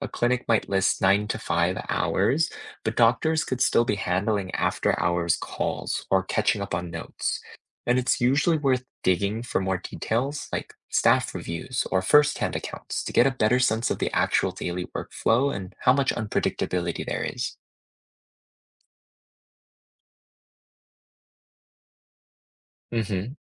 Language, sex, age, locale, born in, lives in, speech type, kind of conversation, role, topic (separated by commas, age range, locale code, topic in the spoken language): English, male, 25-29, en-US, United States, United States, read, expert-assistant, expert, How can you tell if information about different medical specialties online is actually reliable?